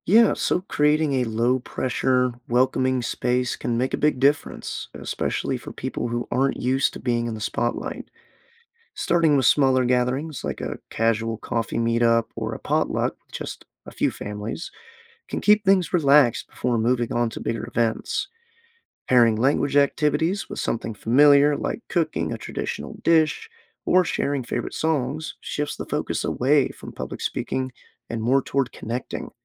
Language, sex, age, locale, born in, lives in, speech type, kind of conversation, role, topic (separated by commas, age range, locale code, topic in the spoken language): English, male, 25-29, en-US, United States, United States, read, expert-assistant, expert, How can communities support endangered language preservation?